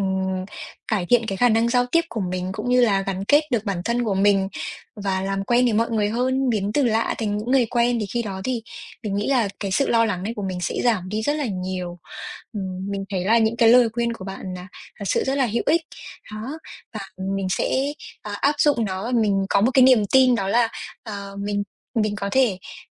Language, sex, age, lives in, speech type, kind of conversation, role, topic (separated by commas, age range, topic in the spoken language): Vietnamese, female, 20-24, Vietnam, conversation, advice, user, Làm sao để kiểm soát và giảm lo lắng khi giao tiếp với người lạ?